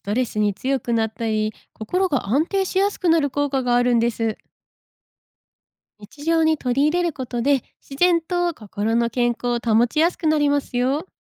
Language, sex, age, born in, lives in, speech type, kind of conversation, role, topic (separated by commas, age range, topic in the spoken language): Japanese, female, 25-29, Japan, Japan, read, expert-assistant, expert, なぜ現代社会ではストレスが増え、心の健康に影響を与えるのでしょうか？